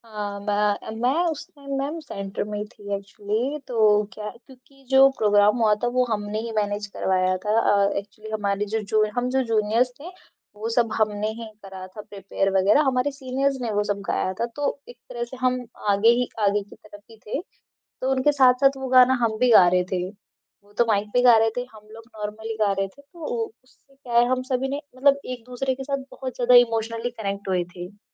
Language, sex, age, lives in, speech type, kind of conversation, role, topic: Hindi, female, 20-24, India, conversation, podcast, guest, Bachpan ka kaunsa gaana aaj bhi tumhe yaad hai, aur kyun?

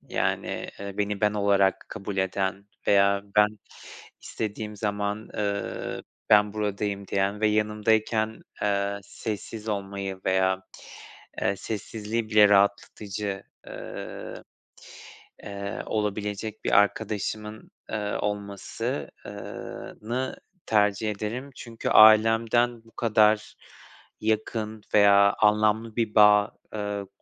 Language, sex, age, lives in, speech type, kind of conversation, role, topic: Turkish, male, 25-29, Poland, conversation, unstructured, none, Arkadaşlarını seçerken nelere dikkat edersin?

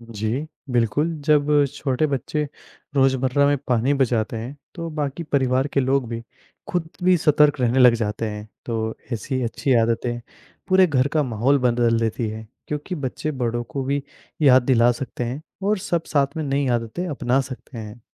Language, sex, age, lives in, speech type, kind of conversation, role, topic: Hindi, male, 20-24, India, read, expert-assistant, expert, पानी की बर्बादी रोकने के लिए हमें क्या करना चाहिए?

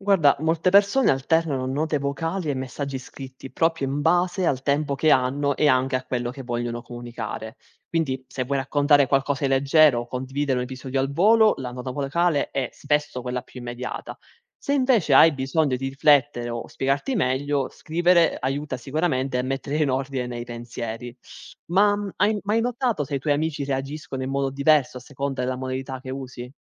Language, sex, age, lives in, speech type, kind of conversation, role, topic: Italian, male, 20-24, Italy, read, expert-assistant, expert, Come mantenere i rapporti con gli amici quando si ha poco tempo?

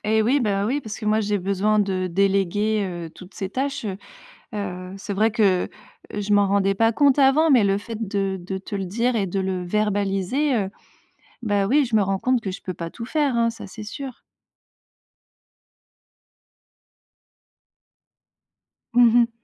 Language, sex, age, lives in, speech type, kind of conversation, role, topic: French, female, 35-39, France, conversation, advice, user, Comment décririez-vous votre épuisement chronique qui rend les tâches ménagères et personnelles impossibles ?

